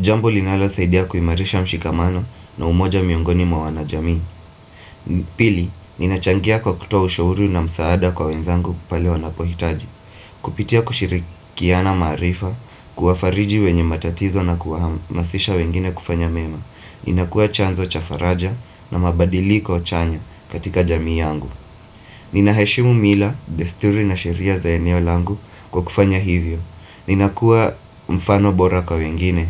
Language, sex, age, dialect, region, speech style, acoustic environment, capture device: Swahili, male, 25-34, Kenya - Mombasa, Kenya, focused; happy, appliances, desktop / Windows / Firefox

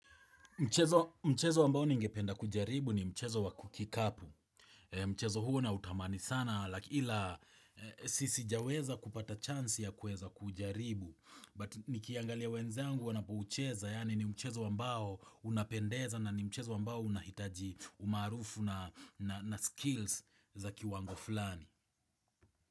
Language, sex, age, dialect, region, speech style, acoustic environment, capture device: Swahili, male, 25-34, Kenya - Nairobi Sheng-influenced, Kenya, happy, people talking, desktop / Windows / Chrome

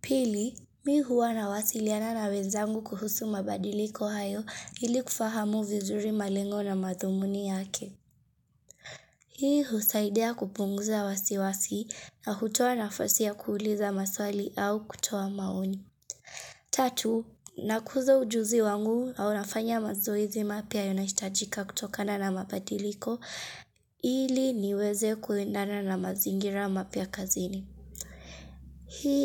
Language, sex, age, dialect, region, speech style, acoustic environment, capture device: Swahili, female, 25-34, Kenya - Mombasa, Kenya, focused, silence, mobile / Linux / Chrome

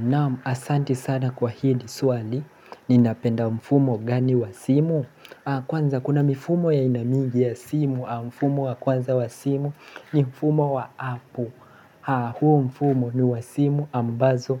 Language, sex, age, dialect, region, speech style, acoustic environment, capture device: Swahili, male, 18-24, Kenya - Mombasa, Kenya, relaxed; focused, people talking; appliances, mobile / Linux / Chrome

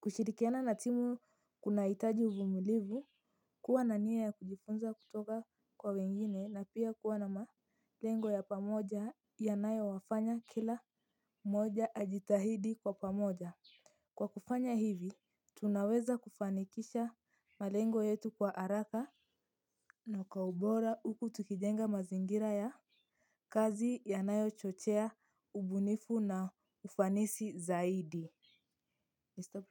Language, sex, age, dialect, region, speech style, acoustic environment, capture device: Swahili, female, 18-24, Kenya - Mombasa, Kenya, happy; excited; relaxed, silence, mobile / Linux / Chrome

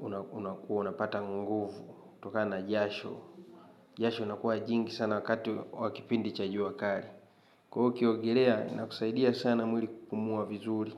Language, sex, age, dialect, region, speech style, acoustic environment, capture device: Swahili, male, 25-34, Tanzania - Dar es Salaam, Tanzania, happy, traffic, mobile / Linux / Chrome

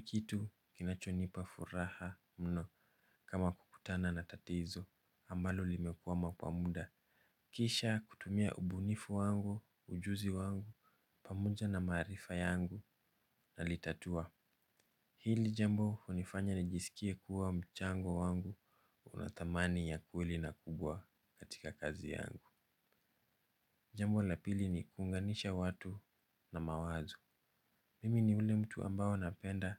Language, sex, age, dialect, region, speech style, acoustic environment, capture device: Swahili, male, 25-34, Kenya - Nairobi Sheng-influenced, Kenya, focused; relaxed, nature, mobile / Linux / Chrome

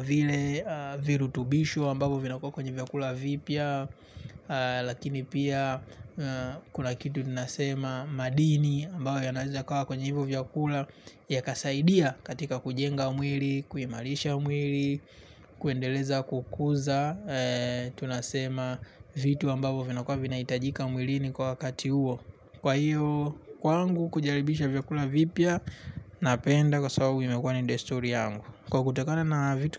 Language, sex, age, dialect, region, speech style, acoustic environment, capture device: Swahili, male, 25-34, Tanzania - Dar es Salaam, Tanzania, relaxed; focused, nature; silence, mobile / Linux / Chrome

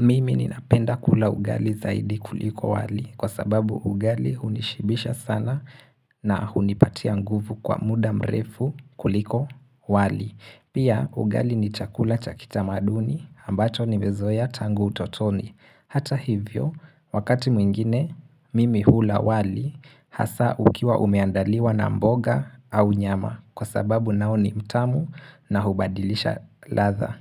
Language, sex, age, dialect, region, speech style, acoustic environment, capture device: Swahili, male, 18-24, Kenya - Mombasa, Kenya, focused; relaxed; happy, silence, mobile / Linux / Chrome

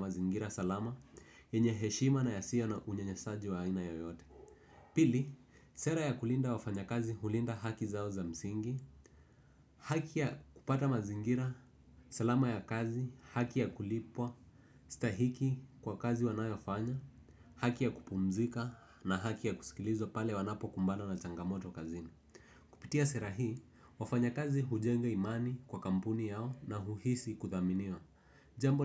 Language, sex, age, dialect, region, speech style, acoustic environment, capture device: Swahili, male, 18-24, Kenya - Nairobi Sheng-influenced, Kenya, focused; relaxed, people talking, desktop / Windows / Edge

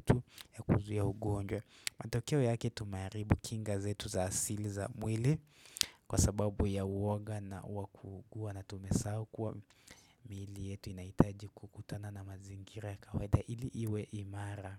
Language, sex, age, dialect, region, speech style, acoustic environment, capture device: Swahili, male, 18-24, Kenya - Nairobi Sheng-influenced, Kenya, relaxed, nature, desktop / Windows / Chrome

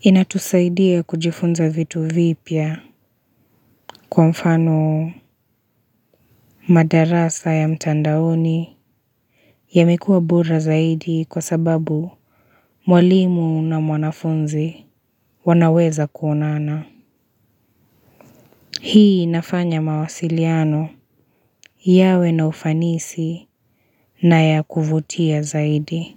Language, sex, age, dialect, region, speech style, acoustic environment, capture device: Swahili, female, 25-34, Kenya - Nairobi Sheng-influenced, Kenya, tired; relaxed, nature; silence, mobile / Linux / Chrome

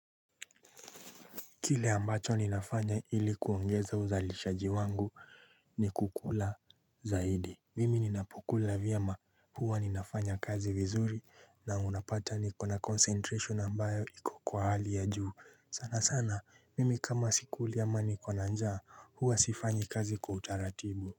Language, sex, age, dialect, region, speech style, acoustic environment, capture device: Swahili, male, 25-34, Kenya - Nairobi Sheng-influenced, Kenya, happy, nature; silence, mobile / Linux / Chrome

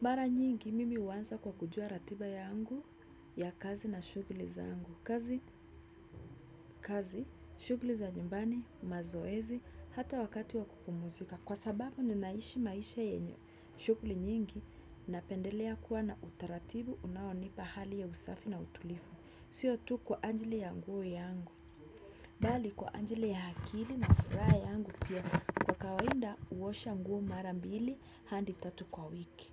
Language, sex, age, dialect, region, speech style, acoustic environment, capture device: Swahili, female, 25-34, Kenya - Nairobi Sheng-influenced, Kenya, happy; nervous; relaxed, people talking; music; nature; appliances, desktop / Windows / Chrome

